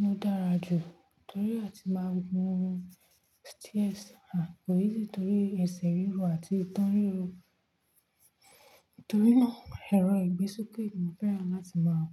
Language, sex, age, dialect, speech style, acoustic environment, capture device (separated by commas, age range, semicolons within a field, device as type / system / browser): Yoruba, female, 25-34, Nigeria - Nigerian Standard, focused, nature, mobile / Linux / Chrome